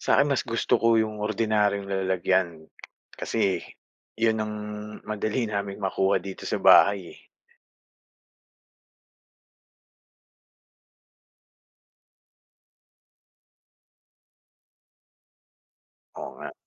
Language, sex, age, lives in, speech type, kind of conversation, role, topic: Filipino, male, 45-49, Philippines, read, expert-assistant, asker, Bakit mahalaga ang pag-inom ng malinis na tubig?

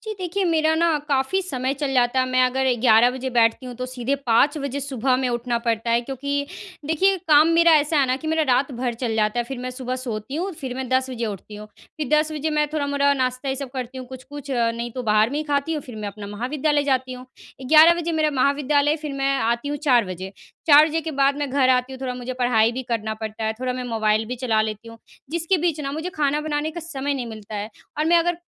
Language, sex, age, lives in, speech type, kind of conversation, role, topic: Hindi, female, 20-24, India, conversation, advice, user, कम समय में स्वादिष्ट और पौष्टिक भोजन कैसे पकाएँ?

